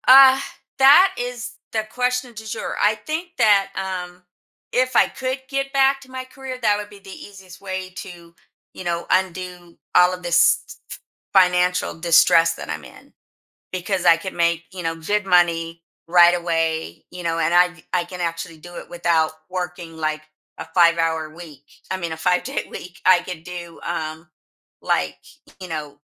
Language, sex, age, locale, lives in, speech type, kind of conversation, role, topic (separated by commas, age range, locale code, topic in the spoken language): English, female, 55-59, en-US, United States, conversation, advice, user, How can I rebuild my confidence after a recent setback?